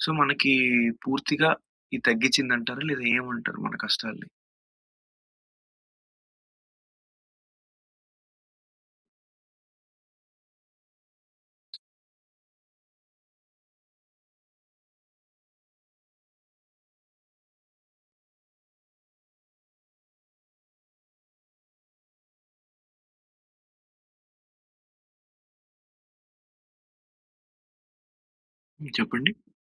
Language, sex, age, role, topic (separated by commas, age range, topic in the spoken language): Telugu, male, 20-24, host, మద్దతు అడగడం నేర్చుకోవడంలో మీరు ఎదుర్కొన్న అతిపెద్ద కష్టం ఏమిటి?